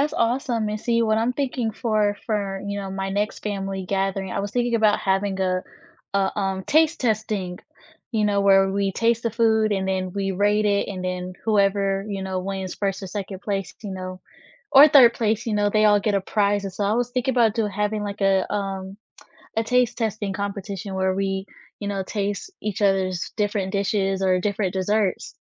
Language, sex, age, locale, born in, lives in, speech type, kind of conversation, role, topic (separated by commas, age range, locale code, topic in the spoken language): English, female, 20-24, en-US, United States, United States, conversation, unstructured, none, Which comfort food do you turn to, and what memories, people, or traditions shape its story?